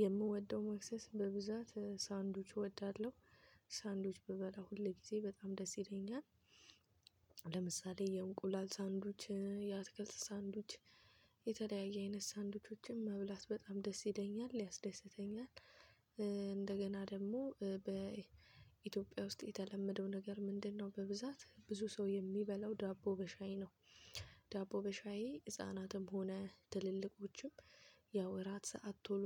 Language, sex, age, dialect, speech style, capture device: Amharic, female, 25-34, Ethiopia - Addis Ababa, focused, mobile / Linux / Chrome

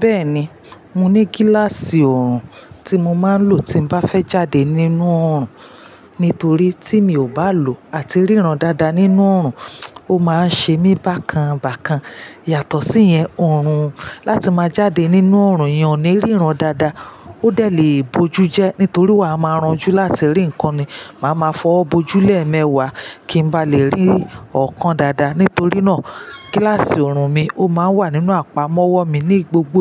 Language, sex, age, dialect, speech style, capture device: Yoruba, female, 35-44, Nigeria - Lagos Yoruba, relaxed, mobile / Linux / Chrome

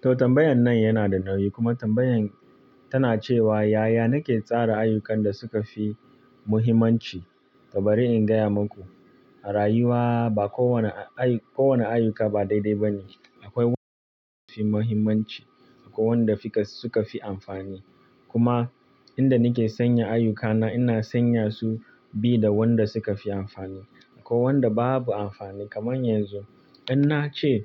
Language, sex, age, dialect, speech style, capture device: Hausa, male, 25-34, Nigeria - Kano, relaxed, mobile / Linux / Chrome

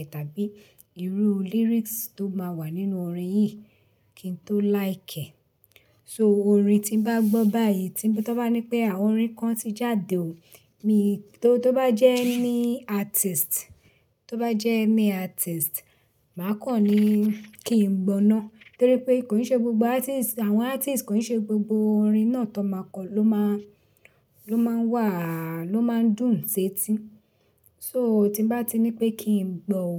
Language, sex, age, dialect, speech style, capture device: Yoruba, female, 35-44, Nigeria - Lagos Yoruba, relaxed, desktop / Linux / Chrome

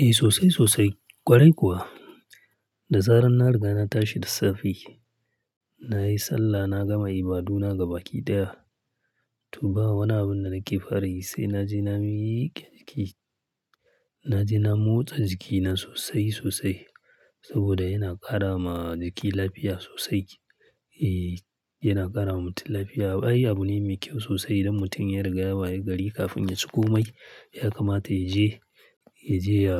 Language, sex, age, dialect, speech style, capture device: Hausa, male, 35-44, Nigeria - Kano, happy, mobile / Linux / Chrome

